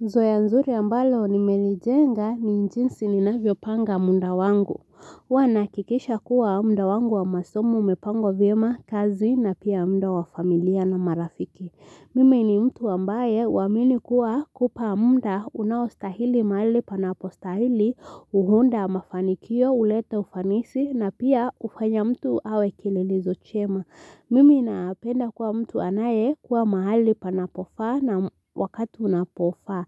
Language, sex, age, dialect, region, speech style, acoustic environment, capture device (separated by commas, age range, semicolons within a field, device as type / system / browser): Swahili, female, 18-24, Kenya - Nairobi Sheng-influenced, Kenya, focused; relaxed, silence, mobile / Linux / Chrome